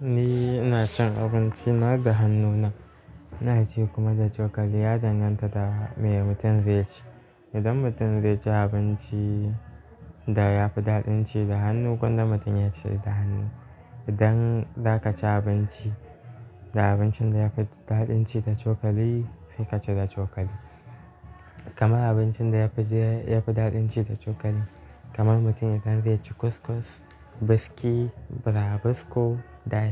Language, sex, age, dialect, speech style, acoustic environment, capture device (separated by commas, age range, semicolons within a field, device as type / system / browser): Hausa, male, 18-24, Nigeria - Kano, focused; relaxed, music, mobile / Linux / Chrome